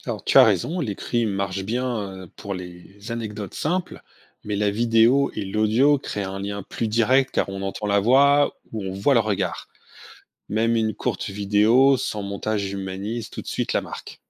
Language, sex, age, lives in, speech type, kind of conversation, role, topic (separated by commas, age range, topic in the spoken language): French, male, 45-49, France, read, expert-assistant, expert, Quelles idées pourrait-on imaginer pour aider une marque à donner une image plus sympathique au public ?